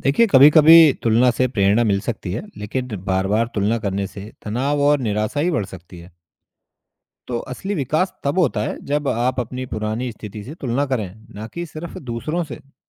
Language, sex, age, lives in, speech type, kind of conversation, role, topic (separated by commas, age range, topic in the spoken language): Hindi, male, 35-39, India, read, expert-assistant, expert, क्या दूसरों से तुलना करना आत्म-विकास में मदद करता है या नुकसान पहुँचाता है?